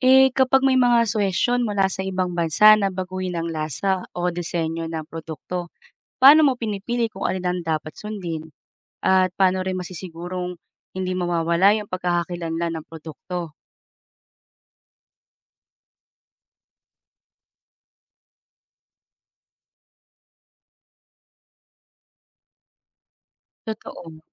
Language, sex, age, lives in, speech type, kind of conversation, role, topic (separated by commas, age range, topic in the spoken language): Filipino, female, 35-39, Philippines, read, expert-assistant, asker, Paano ipakikilala ang produktong Pilipino sa pandaigdigang merkado?